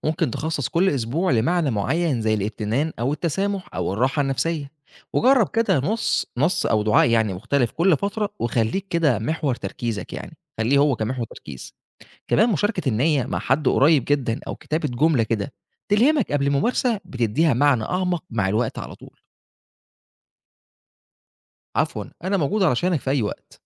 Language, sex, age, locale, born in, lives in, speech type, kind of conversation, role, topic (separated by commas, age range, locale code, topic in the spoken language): Arabic, male, 25-29, ar-EG, Egypt, Egypt, read, expert-assistant, expert, إزاي أقدر أرتب أولوياتي بين الممارسات الروحية المختلفة زي الصلاة، الذكر، التأمل، وقراءة القرآن؟